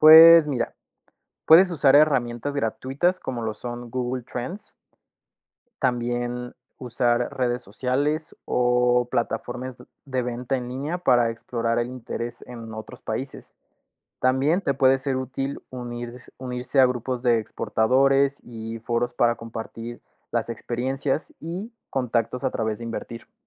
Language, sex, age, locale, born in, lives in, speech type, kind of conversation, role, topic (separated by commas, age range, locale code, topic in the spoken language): Spanish, male, 20-24, es-MX, Mexico, Mexico, read, expert-assistant, expert, ¿Cómo pueden los negocios pequeños aprovechar la globalización y la colaboración local?